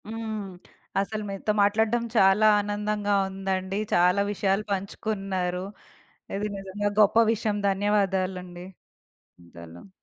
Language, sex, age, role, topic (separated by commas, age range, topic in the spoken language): Telugu, female, 30-34, host, పారంపర్యాన్ని ఆధునికతతో కలపడం గురించి మీ అభిప్రాయం ఏమిటి?